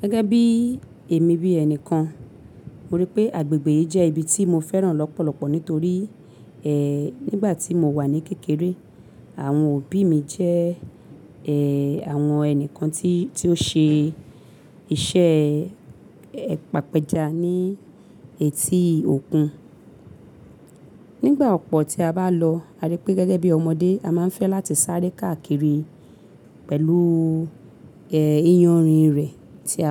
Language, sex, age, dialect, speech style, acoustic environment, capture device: Yoruba, female, 35-44, Nigeria - Lagos Yoruba, happy; focused; excited, people talking, mobile / Linux / Chrome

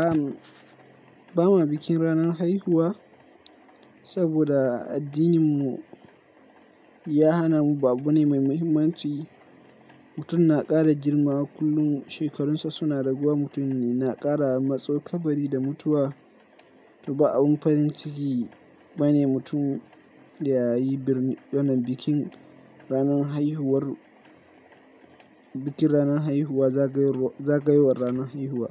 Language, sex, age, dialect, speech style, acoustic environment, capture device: Hausa, male, 18-24, Nigeria - Kano, focused, silence; nature, mobile / Linux / Chrome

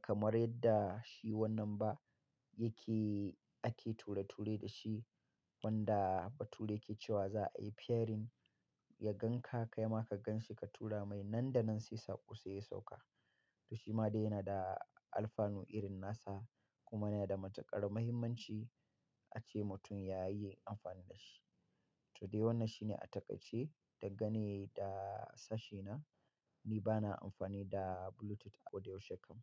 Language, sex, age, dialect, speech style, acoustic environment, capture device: Hausa, male, 35-44, Nigeria - Katsina, happy; relaxed; focused; excited, nature; silence, mobile / Linux / Chrome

